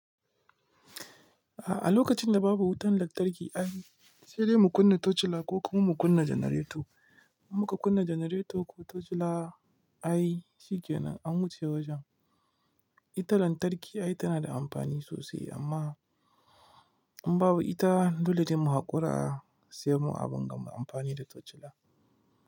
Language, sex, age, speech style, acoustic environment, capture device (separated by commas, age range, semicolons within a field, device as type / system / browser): Hausa, male, 25-34, relaxed, nature; silence, mobile / Linux / Chrome